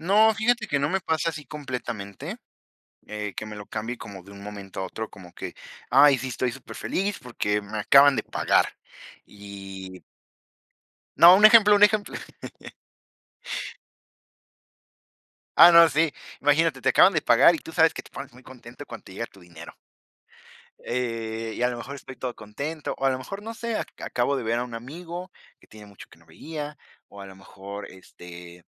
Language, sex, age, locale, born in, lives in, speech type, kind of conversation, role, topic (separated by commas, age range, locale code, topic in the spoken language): Spanish, female, 20-24, es-MX, Mexico, Mexico, conversation, unstructured, none, ¿Cómo influye la música en tu estado de ánimo diario?